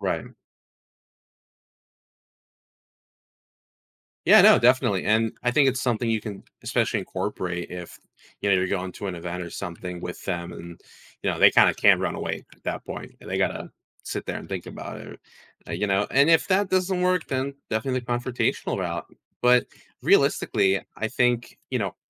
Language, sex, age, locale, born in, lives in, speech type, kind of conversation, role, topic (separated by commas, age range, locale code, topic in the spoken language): English, male, 20-24, en-US, United States, United States, conversation, advice, advisor, How can I approach a difficult conversation?